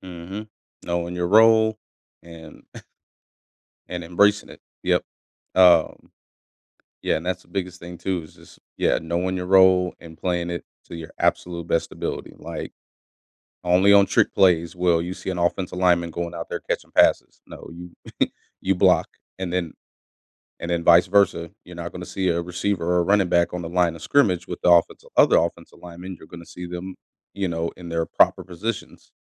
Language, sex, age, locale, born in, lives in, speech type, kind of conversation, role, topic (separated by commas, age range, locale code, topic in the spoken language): English, male, 30-34, en-US, United States, United States, conversation, podcast, guest, What valuable skills or lessons have you gained from participating in sports?